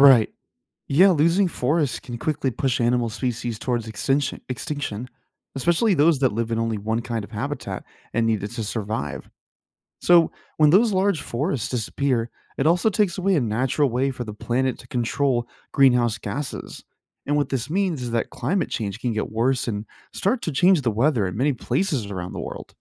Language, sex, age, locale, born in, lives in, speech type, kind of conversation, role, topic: English, male, 25-29, en-US, United States, United States, read, expert-assistant, expert, What causes deforestation and what are its effects?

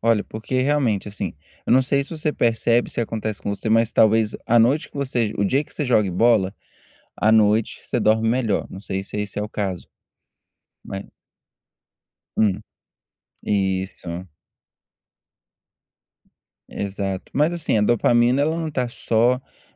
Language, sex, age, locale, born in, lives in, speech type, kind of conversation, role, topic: Portuguese, male, 30-34, pt-BR, Brazil, United States, conversation, advice, advisor, Como posso melhorar a qualidade do meu sono e acordar com mais energia?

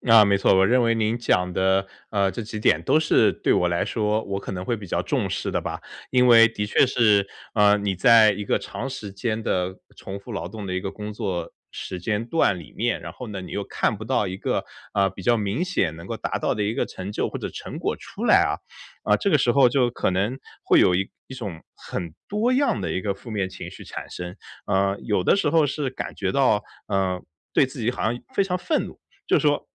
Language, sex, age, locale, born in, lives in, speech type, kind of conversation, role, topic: Chinese, male, 30-34, zh-CN, China, United States, conversation, advice, user, 情绪波动时，我该如何保持心理距离？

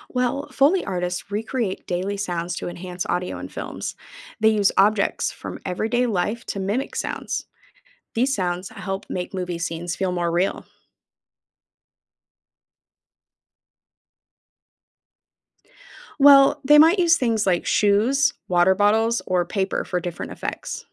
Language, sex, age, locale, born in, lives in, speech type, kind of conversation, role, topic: English, female, 35-39, en-US, United States, United States, read, expert-assistant, expert, Explain how foley artists create movie sound effects.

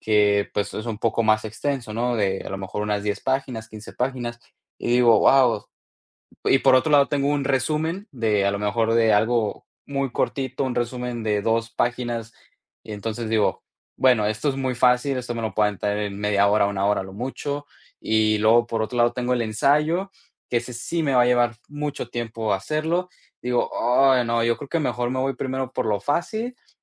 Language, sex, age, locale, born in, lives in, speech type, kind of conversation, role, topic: Spanish, male, 20-24, es-MX, Mexico, United States, conversation, podcast, guest, ¿Cómo combates la procrastinación cuando tienes que estudiar?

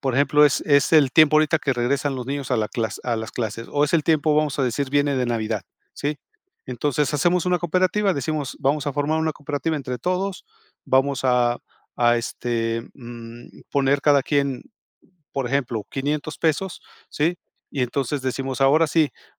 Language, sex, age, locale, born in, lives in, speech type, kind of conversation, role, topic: Spanish, male, 55-59, es-MX, Mexico, Mexico, conversation, podcast, guest, ¿Qué papel juega la vecindad en tu vida diaria?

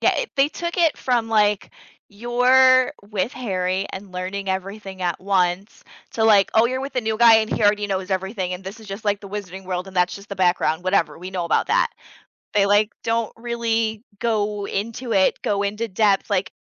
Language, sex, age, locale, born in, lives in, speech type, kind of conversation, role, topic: English, female, 30-34, en-US, United States, United States, conversation, unstructured, none, Why do some movies stay enjoyable no matter how many times you watch them?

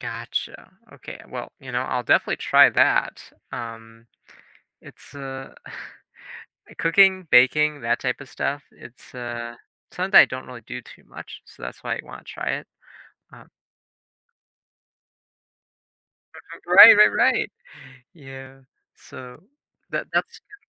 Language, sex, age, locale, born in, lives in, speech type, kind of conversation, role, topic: English, male, 20-24, en-US, United States, United States, conversation, advice, user, How can I slow down and appreciate the small moments in my daily life?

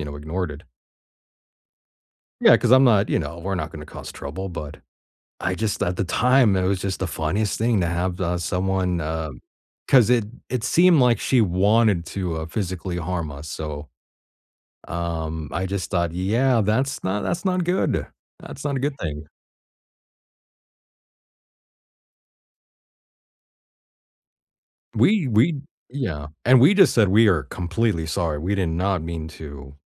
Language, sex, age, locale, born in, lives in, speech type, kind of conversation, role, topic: English, male, 35-39, en-US, United States, United States, conversation, unstructured, none, How do you feel when someone cuts in line?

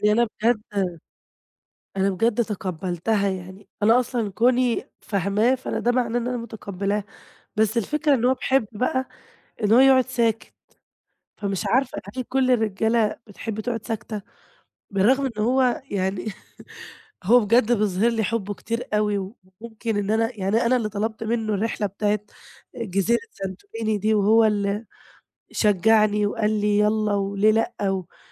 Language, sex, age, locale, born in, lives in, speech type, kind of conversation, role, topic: Arabic, female, 20-24, ar-EG, Egypt, Greece, conversation, advice, user, إزاي أتعامل مع الخناقات اللي مبتخلصش مع شريكي بسبب قلة التواصل بينا؟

